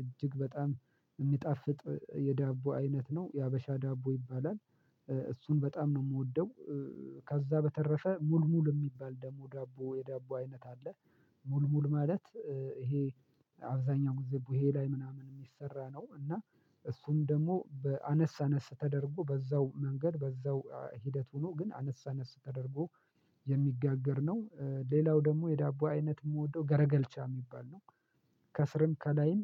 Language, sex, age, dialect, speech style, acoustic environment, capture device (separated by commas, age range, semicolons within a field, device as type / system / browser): Amharic, male, 25-34, Ethiopia - Addis Ababa, happy; focused; excited; relaxed, silence, mobile / Linux / Chrome